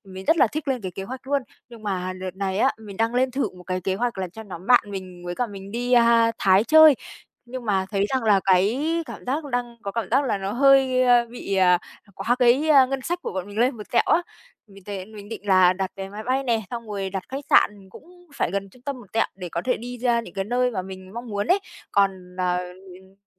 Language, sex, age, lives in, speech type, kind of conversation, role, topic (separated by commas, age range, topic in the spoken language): Vietnamese, female, 25-29, Vietnam, conversation, advice, user, Làm sao để giảm chi phí khi lên kế hoạch cho kỳ nghỉ trong phạm vi ngân sách?